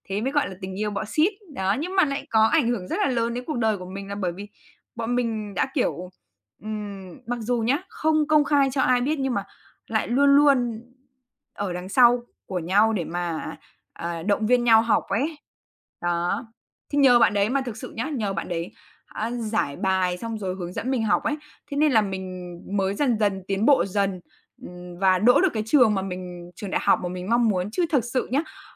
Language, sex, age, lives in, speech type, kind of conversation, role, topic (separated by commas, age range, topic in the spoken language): Vietnamese, female, 20-24, Vietnam, conversation, podcast, guest, Bạn có thể kể về một tình bạn đã ảnh hưởng lớn đến bạn không?